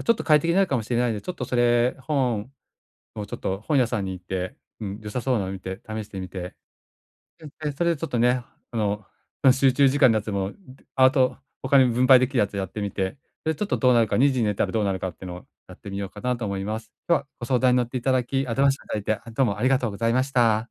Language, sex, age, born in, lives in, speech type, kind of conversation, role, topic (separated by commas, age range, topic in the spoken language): Japanese, male, 45-49, Japan, Japan, conversation, advice, user, 睡眠の質を上げるために、夜はどのような習慣を取り入れるとよいですか？